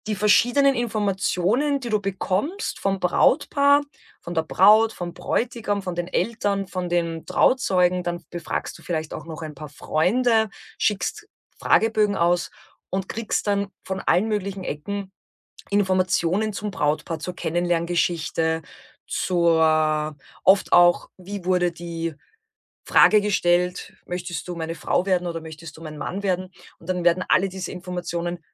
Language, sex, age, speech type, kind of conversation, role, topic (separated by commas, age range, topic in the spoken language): German, female, 35-39, conversation, podcast, guest, Kannst du uns von deinem aktuellen Herzensprojekt erzählen?